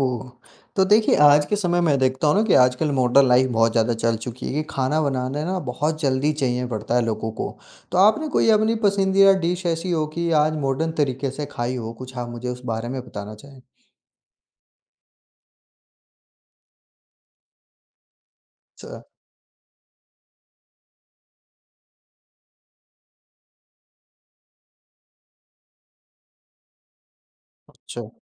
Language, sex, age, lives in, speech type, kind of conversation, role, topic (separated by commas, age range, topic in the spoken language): Hindi, male, 45-49, India, conversation, podcast, host, आपको अपनी संस्कृति के कौन-से व्यंजन सबसे अधिक पसंद हैं?